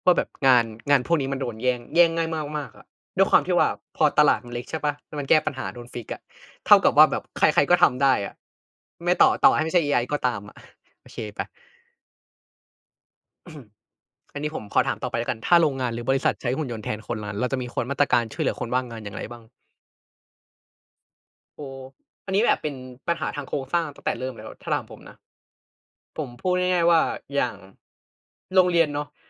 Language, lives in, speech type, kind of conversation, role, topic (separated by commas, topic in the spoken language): Thai, Thailand, conversation, unstructured, none, ถ้าเทคโนโลยีทำให้คนว่างงานมากขึ้น คุณคิดว่าเราควรแก้ปัญหานี้อย่างไร?